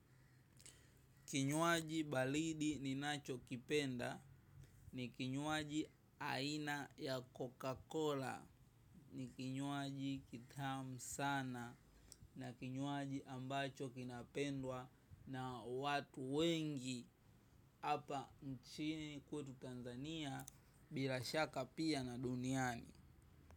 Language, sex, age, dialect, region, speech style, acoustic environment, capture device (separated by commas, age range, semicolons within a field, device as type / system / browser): Swahili, male, 18-24, Tanzania - Dar es Salaam, Tanzania, happy; relaxed, traffic, mobile / macOS / Safari